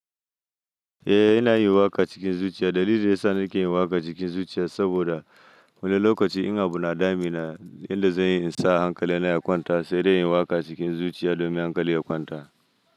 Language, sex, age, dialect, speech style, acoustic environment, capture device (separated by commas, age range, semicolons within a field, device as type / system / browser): Hausa, male, 25-34, Nigeria - Nigerian Standard, happy, silence; nature, mobile / Linux / Chrome